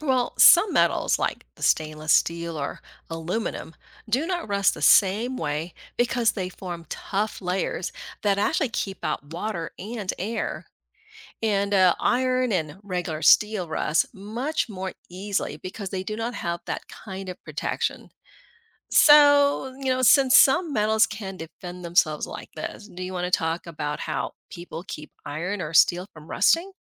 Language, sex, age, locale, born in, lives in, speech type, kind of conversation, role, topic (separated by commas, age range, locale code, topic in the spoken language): English, female, 50-54, en-US, Philippines, United States, read, expert-assistant, expert, What causes rust to form on metal objects?